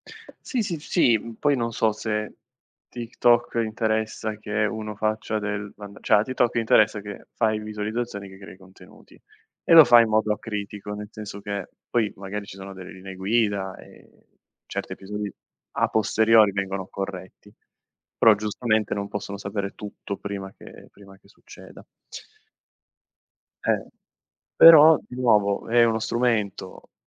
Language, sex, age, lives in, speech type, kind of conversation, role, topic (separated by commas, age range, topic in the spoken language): Italian, male, 25-29, Italy, conversation, unstructured, none, Come pensi che la tecnologia influenzi le relazioni tra le persone?